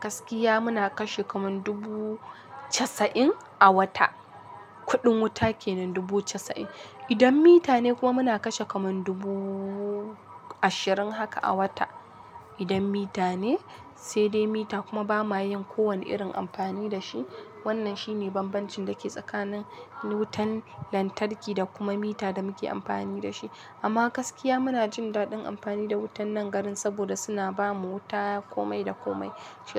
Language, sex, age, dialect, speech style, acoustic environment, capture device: Hausa, female, 18-24, Nigeria - Kano, happy, construction, mobile / Linux / Chrome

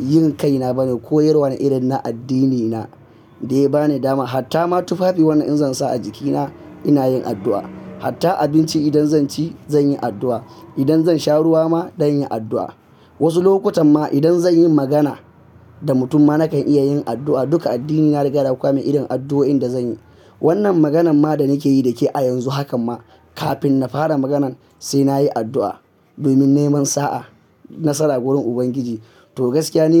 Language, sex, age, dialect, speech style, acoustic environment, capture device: Hausa, male, 18-24, Nigeria - Kano, focused, silence, mobile / Linux / Chrome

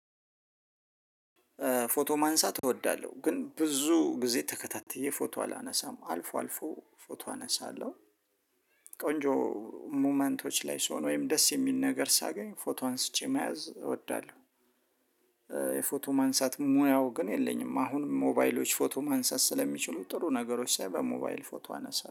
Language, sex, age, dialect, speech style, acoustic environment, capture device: Amharic, male, 35-44, Ethiopia - Addis Ababa, excited, silence, mobile / Linux / Chrome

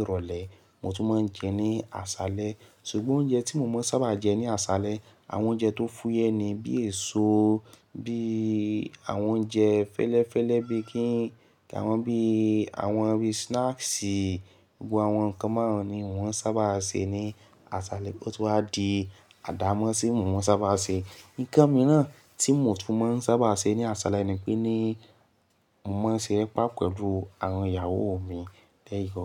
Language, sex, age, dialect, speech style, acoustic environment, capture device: Yoruba, male, 18-24, Nigeria - Lagos Yoruba, focused, nature, mobile / Linux / Chrome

